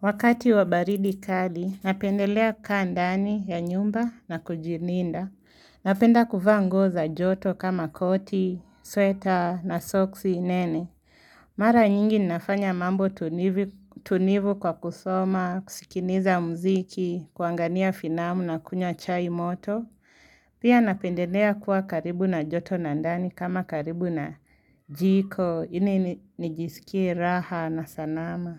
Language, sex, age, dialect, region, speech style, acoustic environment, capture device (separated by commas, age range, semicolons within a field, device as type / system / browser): Swahili, female, 18-24, Kenya - Nairobi Sheng-influenced, Kenya, focused; relaxed, silence, mobile / Linux / Chrome